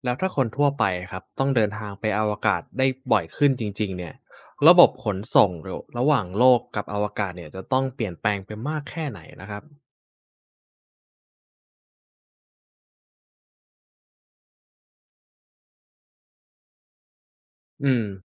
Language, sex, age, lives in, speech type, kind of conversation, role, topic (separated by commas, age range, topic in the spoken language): Thai, male, 20-24, Thailand, read, expert-assistant, asker, คนทั่วไปจะเดินทางไปอวกาศได้ง่ายขึ้นเมื่อไหร่?